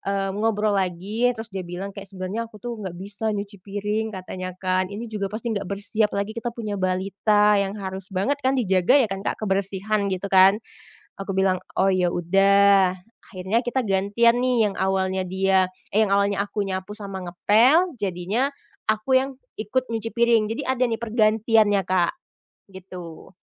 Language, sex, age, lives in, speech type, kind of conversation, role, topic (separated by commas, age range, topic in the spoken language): Indonesian, female, 25-29, Indonesia, conversation, podcast, guest, Bagaimana kamu membagi tugas rumah dengan pasangan atau keluarga?